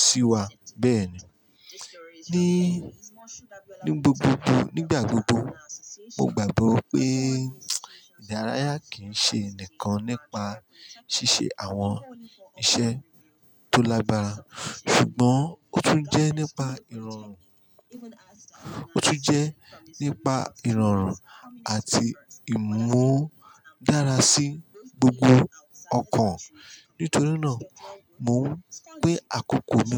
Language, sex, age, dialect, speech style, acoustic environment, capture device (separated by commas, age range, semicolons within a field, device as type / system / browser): Yoruba, male, 35-44, Nigeria - Ijebu, happy; anxious; stressed; excited; tired, construction; people talking; music; silence; appliances, mobile / Linux / Chrome